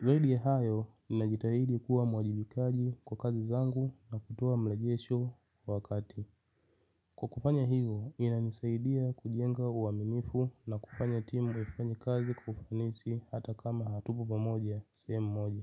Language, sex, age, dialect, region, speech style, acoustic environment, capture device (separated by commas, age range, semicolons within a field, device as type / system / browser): Swahili, male, 25-34, Tanzania - Dar es Salaam, Tanzania, happy; excited; focused, nature; silence, mobile / Linux / Chrome